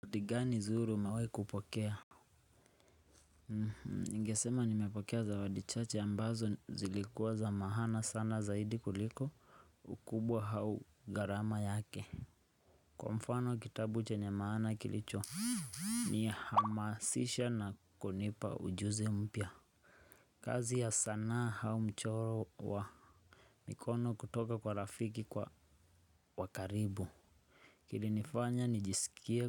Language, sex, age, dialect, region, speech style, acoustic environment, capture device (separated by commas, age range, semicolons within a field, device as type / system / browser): Swahili, male, 18-24, Kenya - Nairobi Sheng-influenced, Kenya, happy, nature; silence, desktop / Linux / Chrome